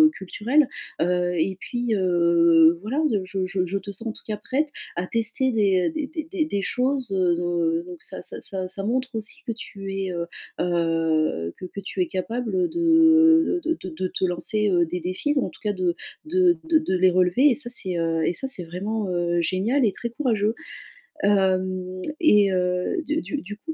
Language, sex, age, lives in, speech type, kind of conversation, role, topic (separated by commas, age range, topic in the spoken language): French, female, 35-39, France, conversation, advice, advisor, Comment partir en vacances et se déplacer avec un budget très limité ?